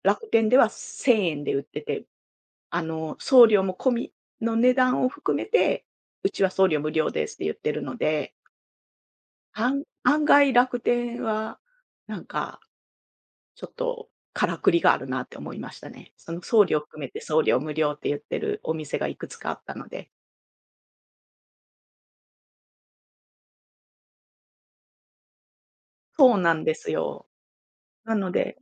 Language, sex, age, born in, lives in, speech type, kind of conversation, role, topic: Japanese, female, 45-49, Japan, Japan, conversation, unstructured, none, お金を使うときに気をつけていることは何ですか？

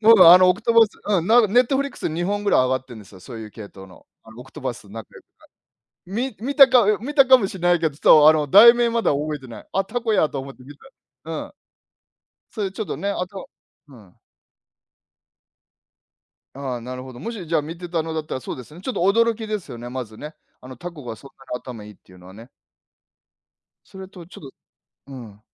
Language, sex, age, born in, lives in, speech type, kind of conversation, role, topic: Japanese, male, 50-54, Japan, Japan, conversation, unstructured, none, おすすめの映画やドラマはありますか？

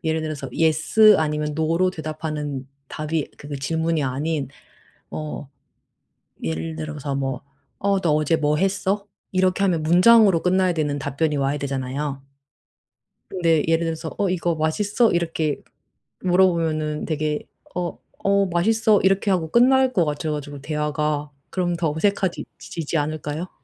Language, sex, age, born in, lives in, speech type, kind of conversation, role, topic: Korean, female, 35-39, South Korea, Germany, conversation, advice, user, 파티에서 자꾸 소외감과 어색함을 느끼는데 어떻게 해야 하나요?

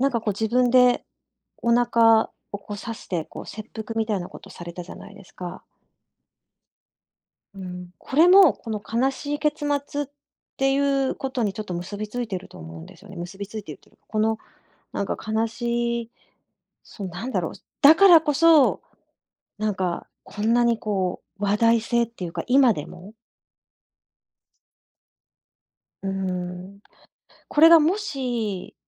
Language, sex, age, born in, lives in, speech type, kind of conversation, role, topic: Japanese, female, 50-54, Japan, Japan, conversation, podcast, guest, なぜ悲しい結末は心に残りやすいと思いますか？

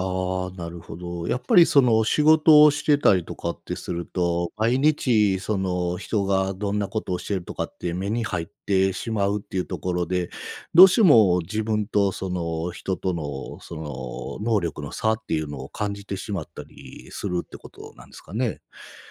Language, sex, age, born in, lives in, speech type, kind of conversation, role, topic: Japanese, male, 45-49, Japan, Japan, conversation, podcast, host, 人と比べないために、普段どんな工夫をしていますか？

